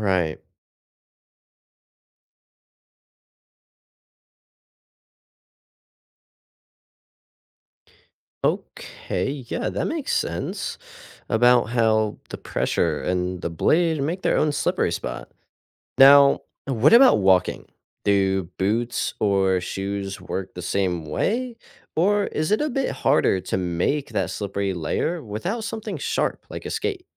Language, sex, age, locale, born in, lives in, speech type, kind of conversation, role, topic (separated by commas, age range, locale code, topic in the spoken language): English, male, 18-19, en-US, United States, United States, read, expert-assistant, asker, What makes ice slippery?